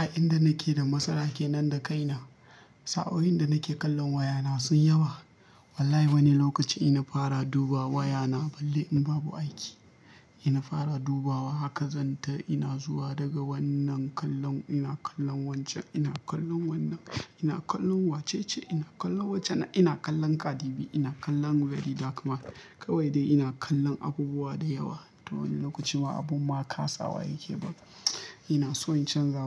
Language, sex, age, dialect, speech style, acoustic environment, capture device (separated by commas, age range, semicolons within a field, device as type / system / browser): Hausa, male, 25-34, Nigeria - Kano, happy, nature, mobile / Linux / Chrome